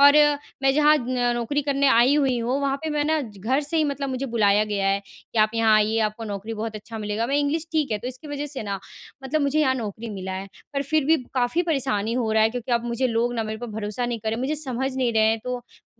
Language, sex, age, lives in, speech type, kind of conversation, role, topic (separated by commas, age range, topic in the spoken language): Hindi, female, 20-24, India, conversation, advice, user, मैं अपने काम में भरोसा और विश्वसनीयता कैसे बढ़ाऊँ?